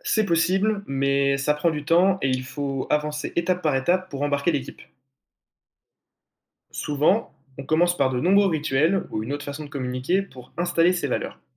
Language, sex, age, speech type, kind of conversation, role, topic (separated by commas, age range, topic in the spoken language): French, male, 18-19, read, expert-assistant, expert, Créer ou reprendre une entreprise : quelles sont les vraies différences ?